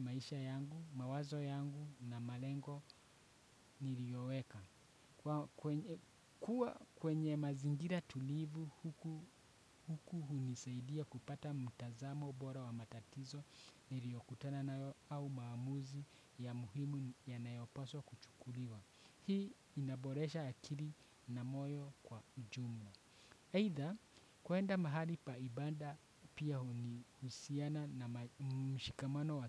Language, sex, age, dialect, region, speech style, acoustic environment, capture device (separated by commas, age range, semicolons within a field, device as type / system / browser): Swahili, male, 25-34, Kenya - Nairobi Sheng-influenced, Kenya, excited, nature, desktop / Windows / Chrome